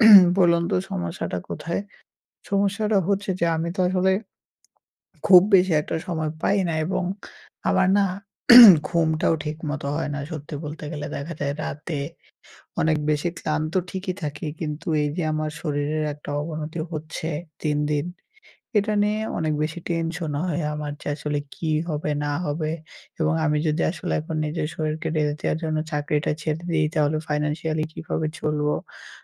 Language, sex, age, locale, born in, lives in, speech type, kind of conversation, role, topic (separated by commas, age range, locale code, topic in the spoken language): Bengali, male, 18-19, bn-BD, Bangladesh, Bangladesh, conversation, advice, user, ঘরে সহজভাবে শারীরিক চাপ দ্রুত কমানোর উপায় কী?